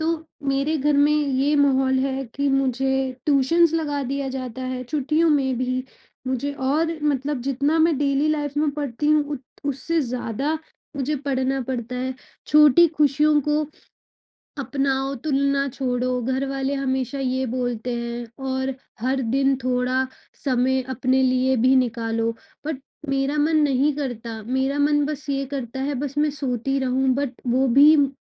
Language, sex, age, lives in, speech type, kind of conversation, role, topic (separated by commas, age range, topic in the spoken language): Hindi, female, 25-29, India, conversation, advice, user, मैं छुट्टियों के दौरान होने वाले तनाव और अपेक्षाओं से कैसे निपटूँ?